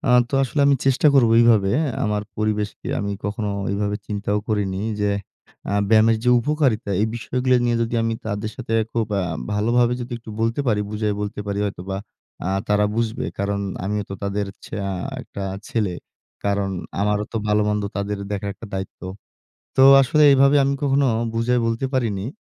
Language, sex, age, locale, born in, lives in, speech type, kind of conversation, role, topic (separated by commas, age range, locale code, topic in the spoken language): Bengali, male, 20-24, bn-BD, Bangladesh, Bangladesh, conversation, advice, user, বাড়িতে ব্যায়াম করার সময় পরিবেশ ঠিক না থাকলে ফোকাস ধরে রাখার জন্য আমি কী করতে পারি?